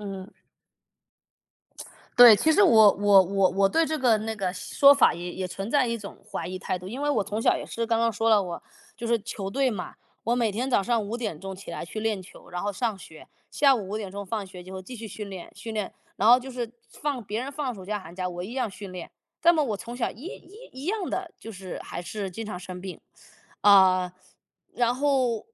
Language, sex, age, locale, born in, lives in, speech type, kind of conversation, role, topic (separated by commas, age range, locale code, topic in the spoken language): Chinese, male, 35-39, zh-CN, United States, United States, conversation, unstructured, none, 你会因为担心健康问题而开始锻炼吗？